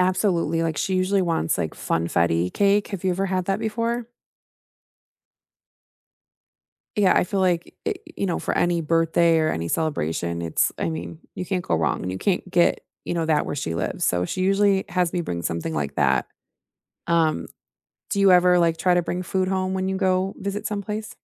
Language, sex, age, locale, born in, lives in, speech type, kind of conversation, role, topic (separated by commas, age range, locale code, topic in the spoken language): English, female, 35-39, en-US, United States, United States, conversation, unstructured, none, What souvenirs do you love bringing home, which do you skip, and why do they matter to you?